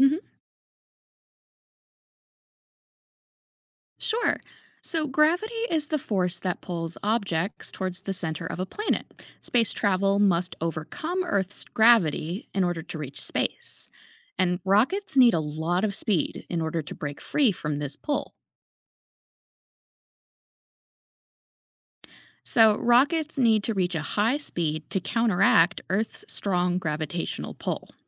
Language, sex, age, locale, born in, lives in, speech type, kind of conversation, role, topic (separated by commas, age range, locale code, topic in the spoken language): English, female, 30-34, en-US, United States, United States, read, expert-assistant, expert, Explain how gravity affects space travel.